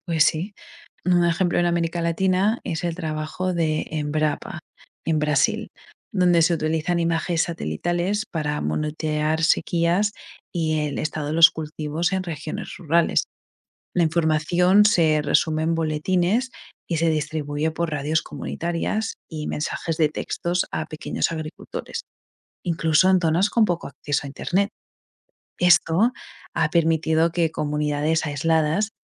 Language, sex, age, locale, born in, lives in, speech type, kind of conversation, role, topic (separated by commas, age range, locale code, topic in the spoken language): Spanish, female, 25-29, es-ES, Spain, United States, read, expert-assistant, expert, ¿Cómo puede la tecnología espacial ayudar a resolver problemas sociales en América Latina?